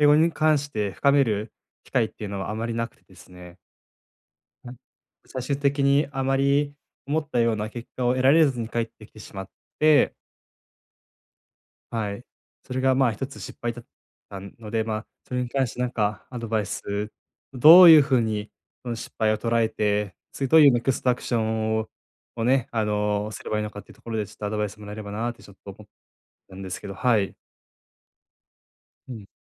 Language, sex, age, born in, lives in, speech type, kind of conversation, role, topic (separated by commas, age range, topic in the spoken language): Japanese, male, 20-24, Japan, Japan, conversation, advice, user, 失敗から何を学び、次にどう方向転換すべきですか？